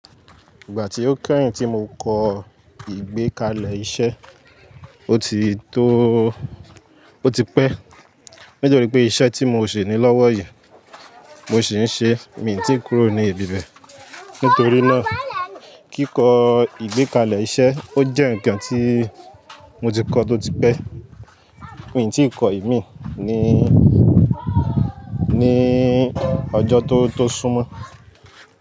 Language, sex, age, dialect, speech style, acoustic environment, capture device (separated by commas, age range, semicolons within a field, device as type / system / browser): Yoruba, male, 18-24, Nigeria - Lagos Yoruba, focused; happy; relaxed, nature, mobile / Linux / Chrome